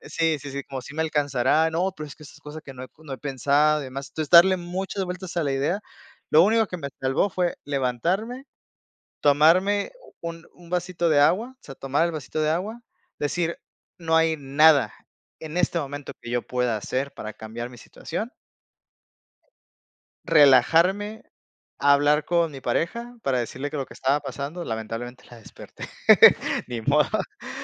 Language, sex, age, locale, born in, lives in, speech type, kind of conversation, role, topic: Spanish, male, 30-34, es-MX, Mexico, Mexico, conversation, podcast, guest, ¿Qué haces para dormir mejor por la noche?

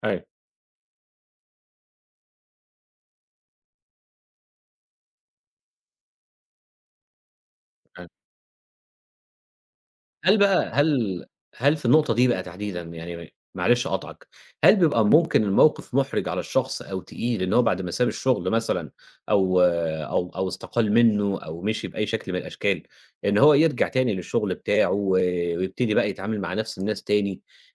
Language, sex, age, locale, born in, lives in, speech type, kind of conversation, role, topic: Arabic, male, 30-34, ar-EG, Egypt, Egypt, conversation, podcast, host, إيه نصيحتك لحد بيفكر يغير مهنته؟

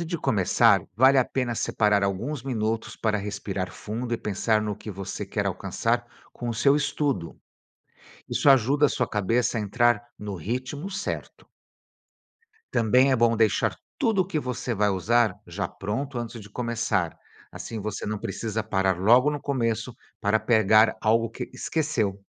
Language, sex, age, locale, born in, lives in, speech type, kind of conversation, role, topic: Portuguese, male, 55-59, pt-BR, Brazil, United States, read, expert-assistant, expert, Estratégias para melhorar a concentração durante os estudos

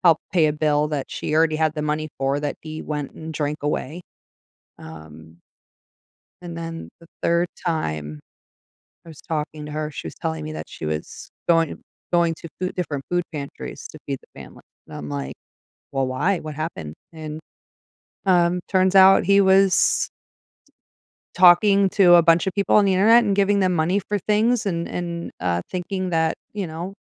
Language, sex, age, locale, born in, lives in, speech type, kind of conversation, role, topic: English, female, 40-44, en-US, United States, United States, conversation, advice, user, How can I make amends with a friend after not being there for them?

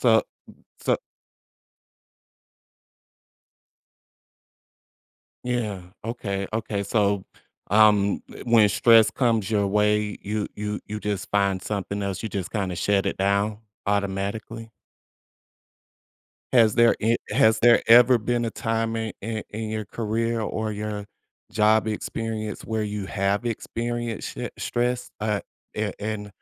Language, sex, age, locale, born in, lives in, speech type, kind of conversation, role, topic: English, male, 40-44, en-US, United States, United States, conversation, podcast, host, What are the most effective ways to manage stress and maintain well-being at work?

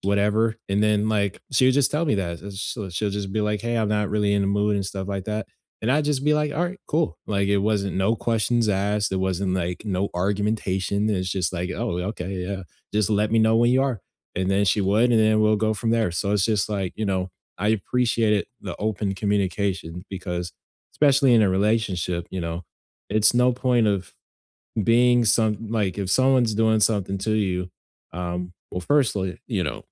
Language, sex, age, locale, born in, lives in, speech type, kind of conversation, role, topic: English, male, 20-24, en-US, United States, United States, conversation, unstructured, none, How can you set boundaries that strengthen, rather than weaken, your relationships?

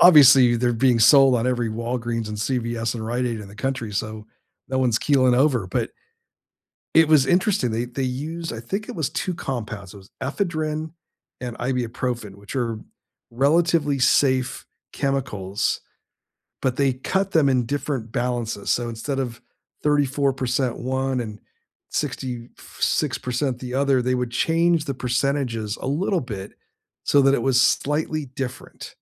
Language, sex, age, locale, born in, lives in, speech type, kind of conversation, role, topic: English, male, 60-64, en-US, United States, United States, conversation, unstructured, none, What happens when science is used to control or manipulate people?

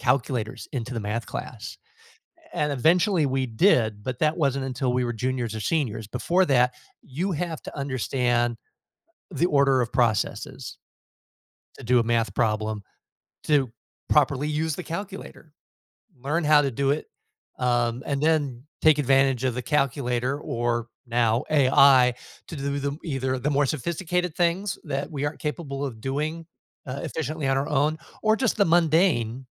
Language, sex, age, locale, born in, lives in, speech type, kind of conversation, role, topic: English, male, 55-59, en-US, United States, United States, conversation, podcast, guest, What helps students develop a lasting interest in learning?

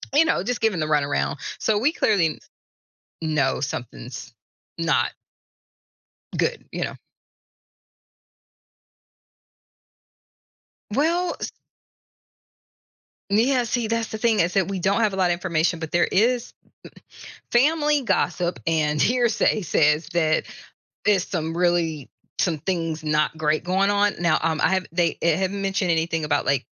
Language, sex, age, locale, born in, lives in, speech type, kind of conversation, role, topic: English, female, 45-49, en-US, United States, United States, conversation, advice, user, How can I balance parenting responsibilities with taking care of my own needs?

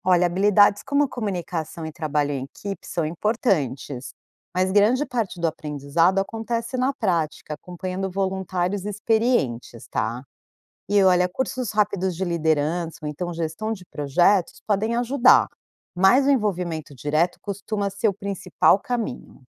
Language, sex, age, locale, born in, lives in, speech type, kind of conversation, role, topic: Portuguese, female, 45-49, pt-BR, Brazil, United States, read, expert-assistant, expert, Dizem que pequenas ações do dia a dia ajudam a proteger a vida selvagem. Isso realmente faz diferença?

